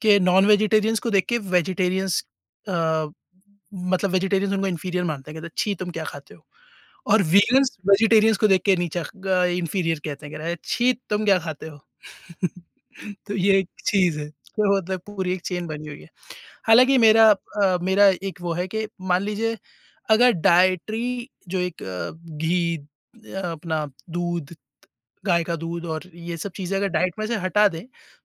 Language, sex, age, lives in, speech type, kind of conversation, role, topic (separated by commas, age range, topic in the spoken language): Hindi, male, 30-34, India, conversation, podcast, host, Kisi ki dietary preference kaise manage karte ho?